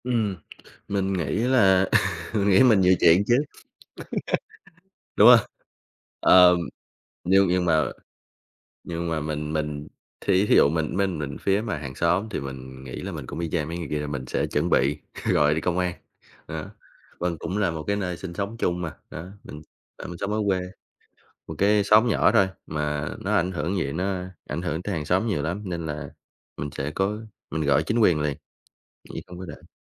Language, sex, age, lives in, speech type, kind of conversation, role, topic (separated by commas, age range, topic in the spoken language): Vietnamese, male, 25-29, Vietnam, conversation, podcast, guest, Bạn thường xử lý mâu thuẫn với hàng xóm như thế nào?